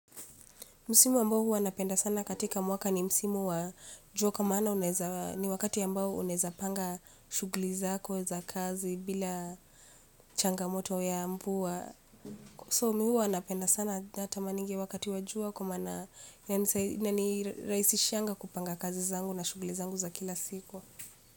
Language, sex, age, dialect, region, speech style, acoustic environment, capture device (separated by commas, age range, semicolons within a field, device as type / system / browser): Swahili, female, 25-34, Kenya - Nairobi Sheng-influenced, Kenya, focused, nature, mobile / Linux / Chrome